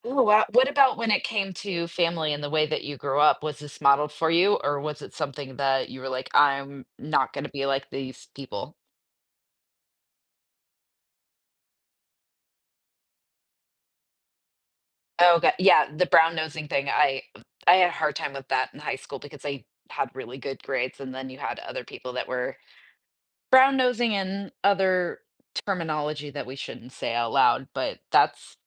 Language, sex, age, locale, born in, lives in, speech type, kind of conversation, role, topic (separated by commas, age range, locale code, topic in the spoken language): English, female, 40-44, en-US, United States, United States, conversation, podcast, host, How do you recognize and build strong, supportive relationships in your life?